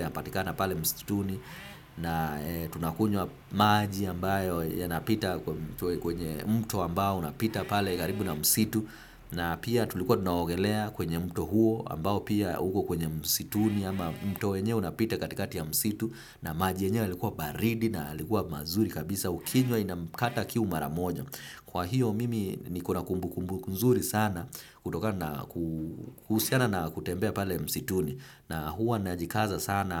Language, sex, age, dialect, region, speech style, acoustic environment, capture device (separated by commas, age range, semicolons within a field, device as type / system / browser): Swahili, male, 25-34, Kenya - Nairobi Sheng-influenced, Kenya, relaxed, traffic; appliances, desktop / Windows / Firefox